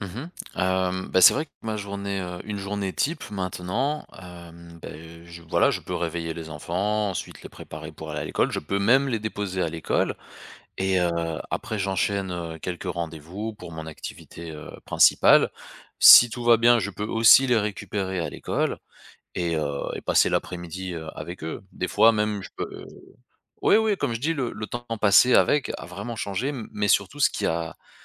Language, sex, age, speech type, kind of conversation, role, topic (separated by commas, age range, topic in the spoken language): French, male, 35-39, conversation, podcast, guest, Comment gères-tu l’équilibre entre le travail et la vie de famille ?